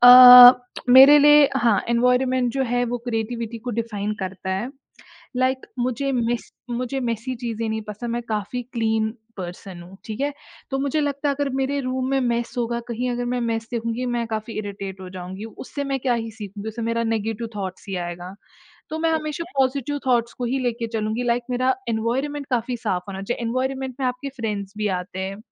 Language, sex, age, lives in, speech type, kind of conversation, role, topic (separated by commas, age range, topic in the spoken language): Hindi, female, 20-24, India, conversation, podcast, guest, Apni creative routine ko simple tareeke se batao — roz tum kya karte ho?